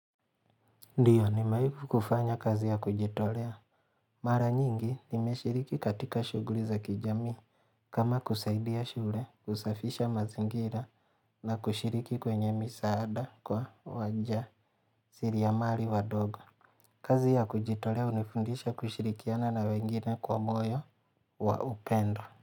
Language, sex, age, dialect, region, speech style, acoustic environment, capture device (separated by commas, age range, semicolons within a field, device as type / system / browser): Swahili, male, 25-34, Kenya - Mombasa, Kenya, relaxed; focused, nature; silence, mobile / Linux / Chrome